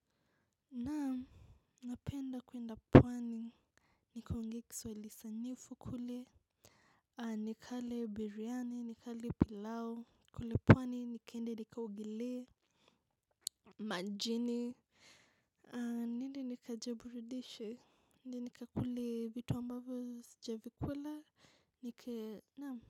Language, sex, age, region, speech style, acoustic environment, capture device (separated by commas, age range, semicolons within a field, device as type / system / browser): Swahili, female, 25-34, Kenya, focused, silence, mobile / macOS / Safari